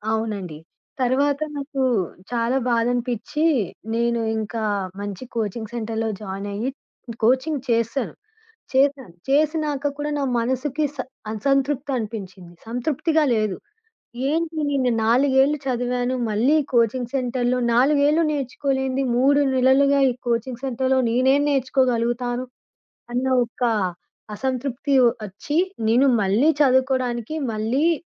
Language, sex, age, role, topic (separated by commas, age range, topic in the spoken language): Telugu, female, 25-29, guest, ఒక సంఘటన వల్ల మీ మనసు మారిపోయిన అనుభవాన్ని చెప్పగలరా?